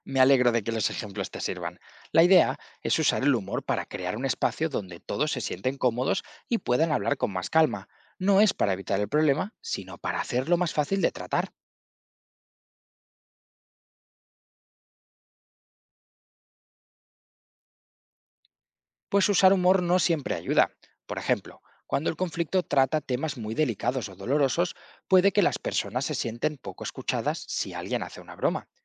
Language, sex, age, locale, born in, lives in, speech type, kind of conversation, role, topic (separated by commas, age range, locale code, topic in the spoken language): Spanish, male, 25-29, es-ES, Spain, Spain, read, expert-assistant, expert, Cómo contribuye el humor a la resolución de conflictos